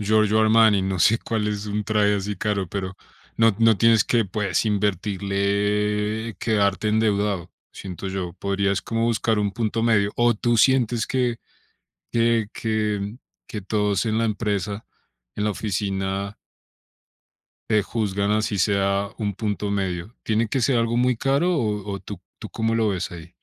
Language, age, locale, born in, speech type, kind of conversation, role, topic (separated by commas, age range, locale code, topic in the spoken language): Spanish, 30-34, es-CO, Colombia, conversation, advice, advisor, ¿Cómo puedo dejar de sentir presión social por mostrar estatus para encajar con los demás?